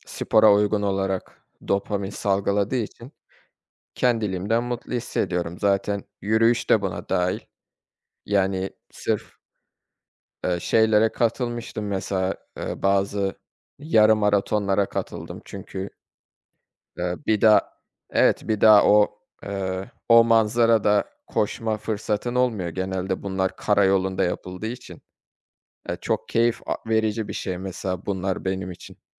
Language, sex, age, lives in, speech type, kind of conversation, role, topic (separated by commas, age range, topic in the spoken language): Turkish, male, 25-29, Germany, conversation, unstructured, none, Hangi anlarda kendini en mutlu hissedersin?